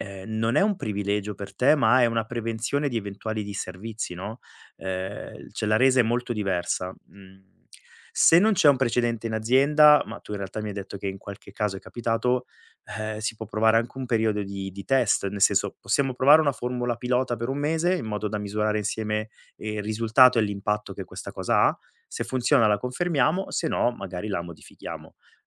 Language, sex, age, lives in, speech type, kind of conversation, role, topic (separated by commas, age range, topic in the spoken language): Italian, male, 40-44, Italy, conversation, advice, advisor, Come posso richiedere un orario flessibile o il lavoro da remoto?